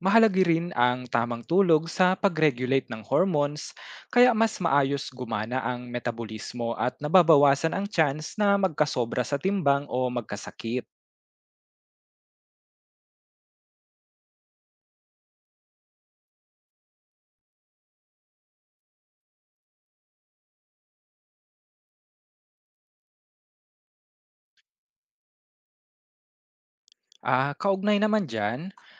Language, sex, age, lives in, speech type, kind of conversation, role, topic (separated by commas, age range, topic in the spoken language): Filipino, male, 25-29, Philippines, read, expert-assistant, expert, Paano nakakaapekto ang maayos na oras ng tulog sa kalusugan ng isang tao?